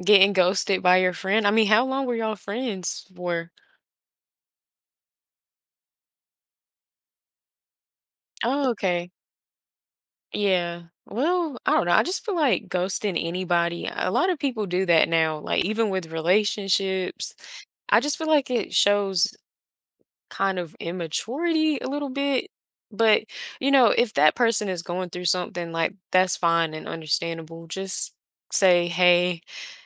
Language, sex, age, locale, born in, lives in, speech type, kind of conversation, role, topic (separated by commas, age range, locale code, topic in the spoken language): English, female, 20-24, en-US, United States, United States, conversation, podcast, host, How have strong friendships shaped who you are and how you navigate life?